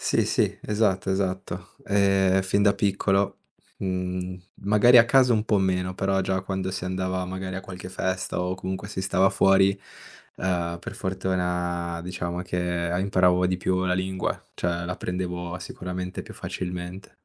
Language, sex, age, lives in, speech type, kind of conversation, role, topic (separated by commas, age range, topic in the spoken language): Italian, male, 25-29, Italy, conversation, podcast, guest, Come hai fatto a mantenere viva la lingua dei tuoi genitori?